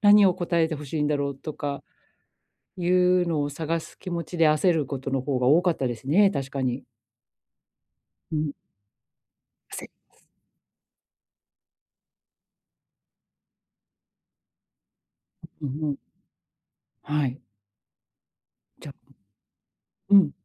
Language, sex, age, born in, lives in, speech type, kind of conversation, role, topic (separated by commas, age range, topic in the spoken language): Japanese, female, 45-49, Japan, Japan, conversation, advice, user, どうすれば短く分かりやすく伝えられますか？